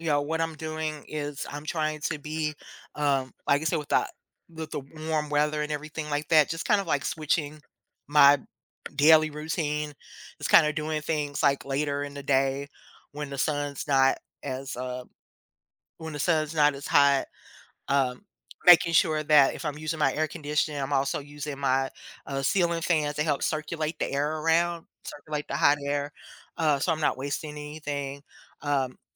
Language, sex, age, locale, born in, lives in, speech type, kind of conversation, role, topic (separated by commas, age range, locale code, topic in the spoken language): English, female, 50-54, en-US, United States, United States, conversation, podcast, guest, In what ways is climate change shaping the way we live and adapt in our daily lives?